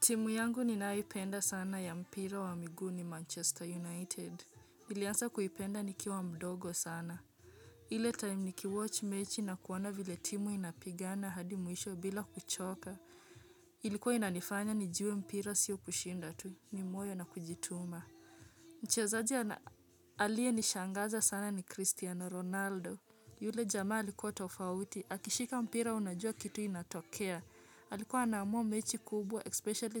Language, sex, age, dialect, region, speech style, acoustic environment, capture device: Swahili, female, 25-34, Kenya - Nairobi Sheng-influenced, Kenya, happy, silence, mobile / Linux / Chrome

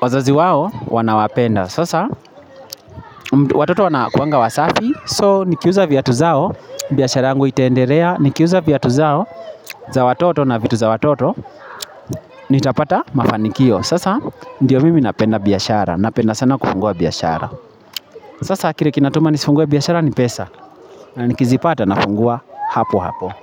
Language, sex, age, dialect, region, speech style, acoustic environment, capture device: Swahili, male, 25-34, Kenya - Nairobi Sheng-influenced, Kenya, focused, people talking, mobile / Linux / Chrome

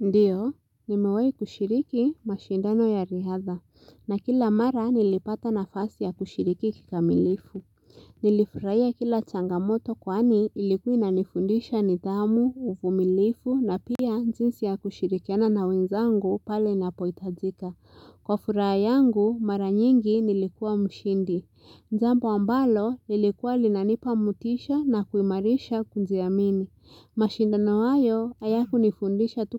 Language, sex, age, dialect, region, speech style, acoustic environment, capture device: Swahili, female, 18-24, Kenya - Nairobi Sheng-influenced, Kenya, relaxed; focused, silence, mobile / Linux / Chrome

